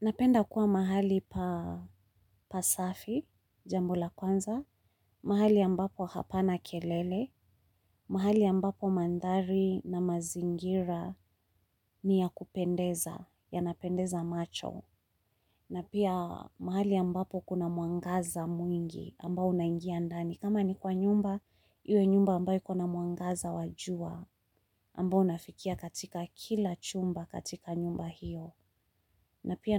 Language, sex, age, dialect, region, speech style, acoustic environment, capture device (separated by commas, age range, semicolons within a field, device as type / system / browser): Swahili, female, 35-44, Kenya - Nairobi Sheng-influenced, Kenya, stressed, people talking, mobile / Linux / Chrome